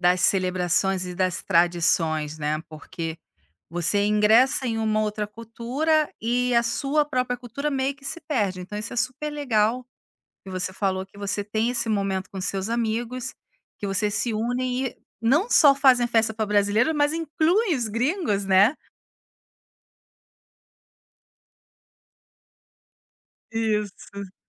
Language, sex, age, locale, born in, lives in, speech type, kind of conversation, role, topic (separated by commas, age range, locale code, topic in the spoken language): Portuguese, female, 40-44, pt-BR, Brazil, Italy, conversation, podcast, host, Você já participou de uma festa tradicional? Como foi essa experiência?